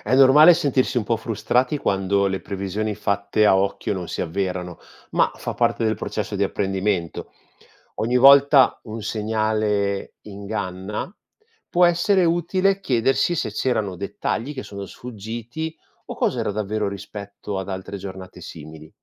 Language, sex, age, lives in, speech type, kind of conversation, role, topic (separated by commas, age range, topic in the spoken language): Italian, male, 45-49, Italy, read, expert-assistant, expert, Come si possono imparare a riconoscere i segnali del tempo osservando il cielo?